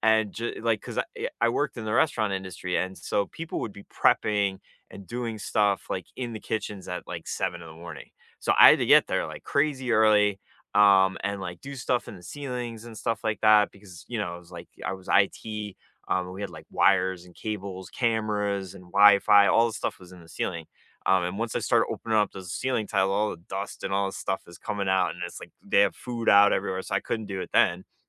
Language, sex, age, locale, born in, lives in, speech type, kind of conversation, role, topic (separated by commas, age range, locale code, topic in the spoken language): English, male, 40-44, en-US, United States, United States, conversation, unstructured, none, Should you stick to a strict morning routine every day, or adapt each morning to changing schedules and demands?